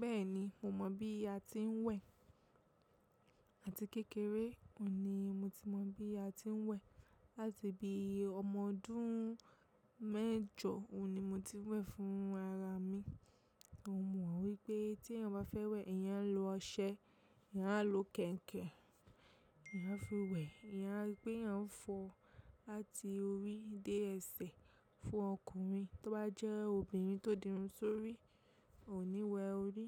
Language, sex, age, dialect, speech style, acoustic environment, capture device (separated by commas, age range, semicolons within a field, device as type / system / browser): Yoruba, female, 25-34, Nigeria - Lagos Yoruba, relaxed, nature, mobile / macOS / Safari